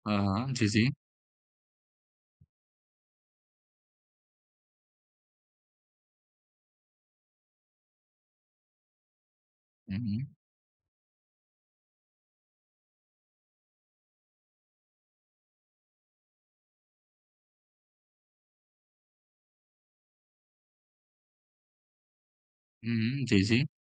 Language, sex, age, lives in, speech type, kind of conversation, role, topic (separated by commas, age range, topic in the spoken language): Hindi, male, 20-24, India, conversation, podcast, host, कौन सा गीत सुनते ही आप नाचने लगते हैं?